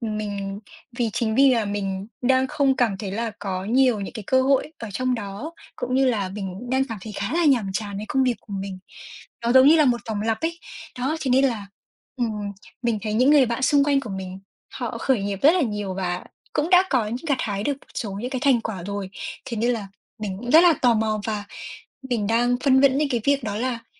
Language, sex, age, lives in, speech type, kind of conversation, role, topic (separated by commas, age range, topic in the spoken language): Vietnamese, female, 20-24, Vietnam, conversation, advice, user, Nên nghỉ việc để khởi nghiệp hay tiếp tục gắn bó với công việc hiện tại ổn định?